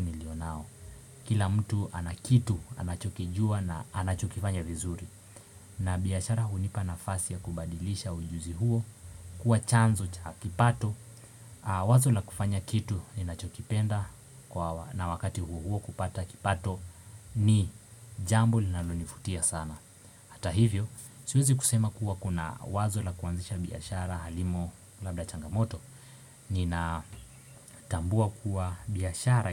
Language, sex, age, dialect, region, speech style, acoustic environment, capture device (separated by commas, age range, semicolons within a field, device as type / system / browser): Swahili, male, 18-24, Kenya - Mombasa, Kenya, focused, appliances, desktop / Windows / Chrome